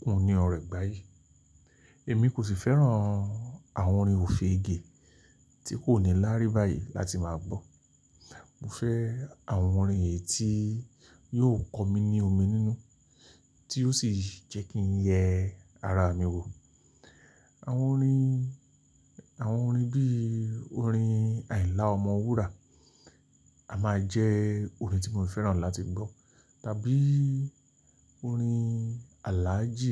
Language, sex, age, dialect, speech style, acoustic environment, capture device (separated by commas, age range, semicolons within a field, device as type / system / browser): Yoruba, male, 45-54, Nigeria - Lagos Yoruba, relaxed, nature; silence, mobile / Linux / Chrome